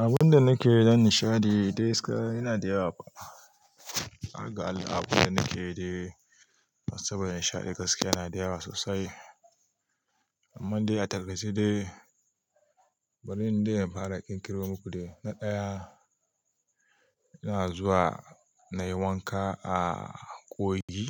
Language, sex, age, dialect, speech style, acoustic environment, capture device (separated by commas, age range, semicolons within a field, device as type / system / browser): Hausa, male, 35-44, Nigeria - Kano, relaxed, nature, mobile / Linux / Chrome